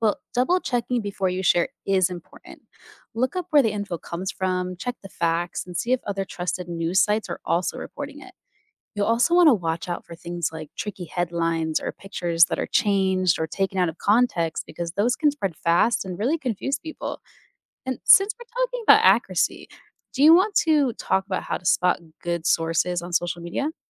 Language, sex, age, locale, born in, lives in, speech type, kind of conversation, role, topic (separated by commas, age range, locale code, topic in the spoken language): English, female, 30-34, en-US, United States, United States, read, expert-assistant, expert, How can social media be used for positive change?